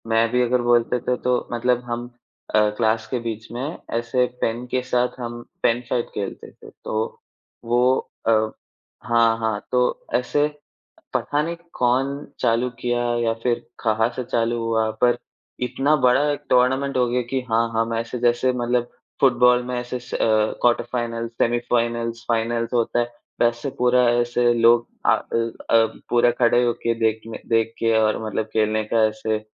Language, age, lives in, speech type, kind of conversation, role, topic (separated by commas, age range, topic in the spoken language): Hindi, 20-24, India, conversation, unstructured, none, आपके बचपन की कौन-सी खास याद है जो आज भी आपके दिल को छू जाती है?